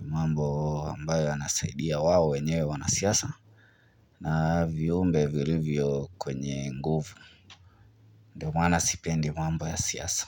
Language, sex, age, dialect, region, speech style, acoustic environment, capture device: Swahili, male, 25-34, Kenya - Nairobi Sheng-influenced, Kenya, relaxed, silence, mobile / Linux / Chrome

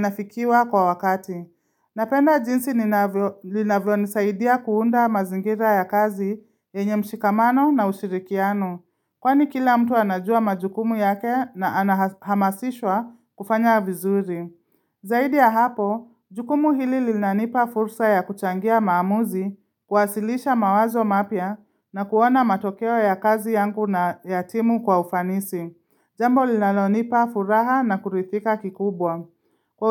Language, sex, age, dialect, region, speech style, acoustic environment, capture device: Swahili, female, 25-34, Kenya - Nairobi Sheng-influenced, Kenya, happy; focused; excited, silence, mobile / Linux / Chrome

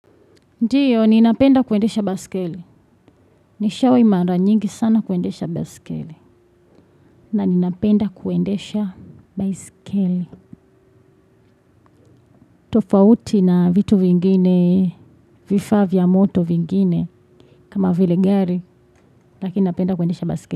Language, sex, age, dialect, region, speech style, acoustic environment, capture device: Swahili, female, 25-34, Tanzania - Dar es Salaam, Tanzania, happy, people talking, desktop / Windows / Chrome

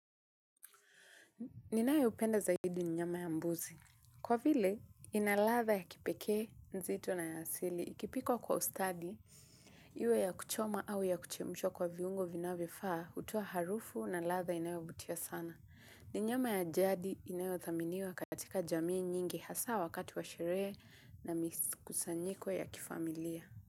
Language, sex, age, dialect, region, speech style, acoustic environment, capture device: Swahili, female, 25-34, Kenya - Mombasa, Kenya, relaxed, nature; appliances, mobile / Linux / Chrome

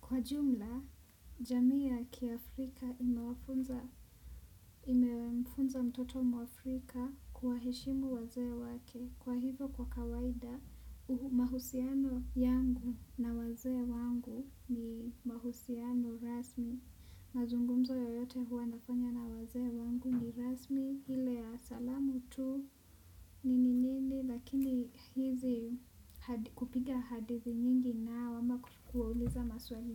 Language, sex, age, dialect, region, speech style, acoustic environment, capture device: Swahili, female, 18-24, Kenya - Nairobi Sheng-influenced, Kenya, relaxed; focused, nature; traffic; silence, desktop / Windows / Chrome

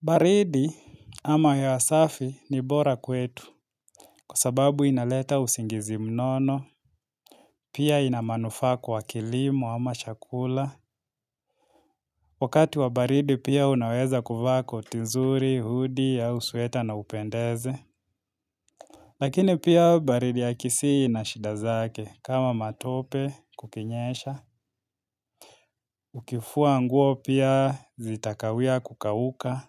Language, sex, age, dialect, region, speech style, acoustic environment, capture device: Swahili, male, 25-34, Kenya - Nairobi Sheng-influenced, Kenya, nervous, silence, mobile / Linux / Chrome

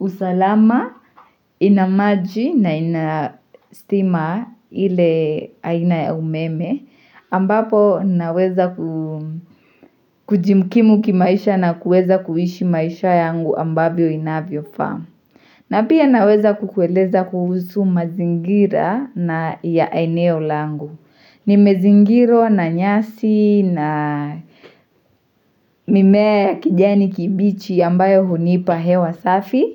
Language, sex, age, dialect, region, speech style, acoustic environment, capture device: Swahili, female, 18-24, Kenya - Mombasa, Kenya, happy, silence, mobile / Linux / Chrome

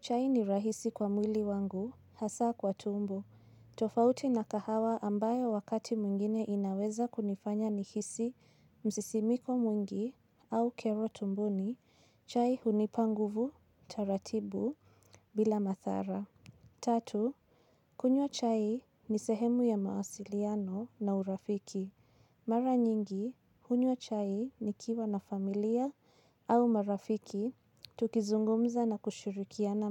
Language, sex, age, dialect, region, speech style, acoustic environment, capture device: Swahili, female, 25-34, Kenya - Nairobi Sheng-influenced, Kenya, nervous, silence, mobile / Linux / Chrome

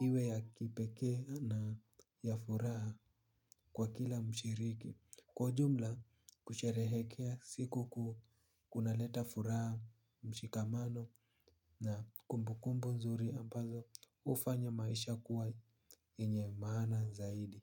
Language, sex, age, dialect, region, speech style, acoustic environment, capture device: Swahili, male, 18-24, Kenya - Nairobi Sheng-influenced, Kenya, relaxed, nature, mobile / Linux / Chrome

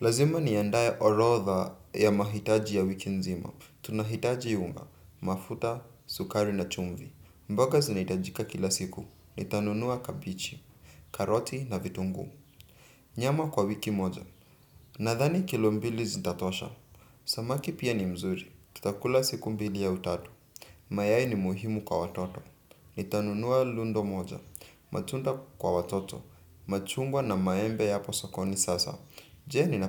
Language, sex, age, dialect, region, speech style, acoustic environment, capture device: Swahili, male, 18-24, Kenya - Nairobi Sheng-influenced, Kenya, relaxed; focused, silence, desktop / Windows / Chrome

